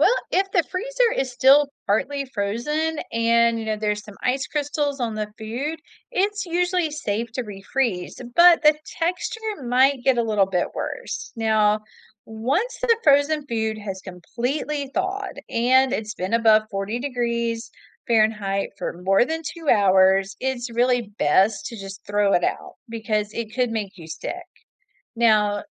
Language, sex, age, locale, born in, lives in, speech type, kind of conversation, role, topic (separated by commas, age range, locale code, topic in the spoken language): English, female, 45-49, en-US, United States, United States, read, expert-assistant, expert, How can you keep your refrigerator running efficiently and your food safe?